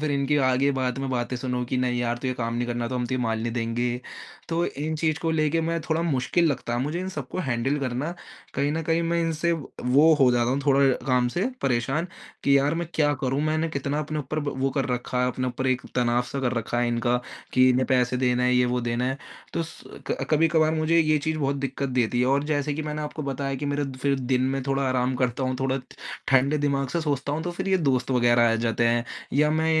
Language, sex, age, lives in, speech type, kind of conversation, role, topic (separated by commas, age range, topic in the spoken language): Hindi, male, 20-24, India, conversation, advice, user, मैं अपना ध्यान लंबे समय तक कैसे बनाए रख सकता/सकती हूँ?